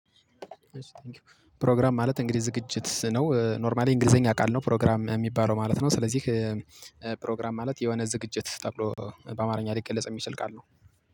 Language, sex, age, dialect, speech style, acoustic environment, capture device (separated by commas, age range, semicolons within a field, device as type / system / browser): Amharic, male, 25-34, Ethiopia - Gojjam, excited, silence, mobile / Linux / Chrome